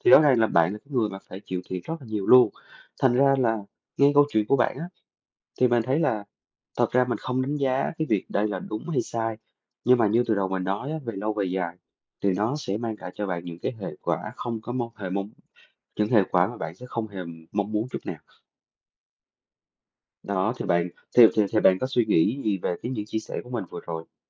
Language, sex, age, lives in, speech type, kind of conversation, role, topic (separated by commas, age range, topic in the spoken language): Vietnamese, male, 30-34, Vietnam, conversation, advice, advisor, Vì sao bạn luôn đặt người khác lên trước và hy sinh nhu cầu của bản thân?